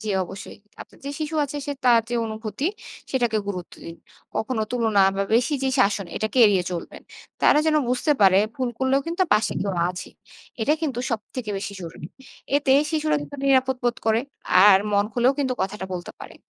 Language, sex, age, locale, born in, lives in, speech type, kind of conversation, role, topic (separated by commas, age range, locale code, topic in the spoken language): Bengali, female, 25-29, bn-BD, Bangladesh, Bangladesh, read, expert-assistant, expert, কাজের চাপ থেকে মানসিক ক্লান্তি হলে তা কাটানোর জন্য কী করা যেতে পারে?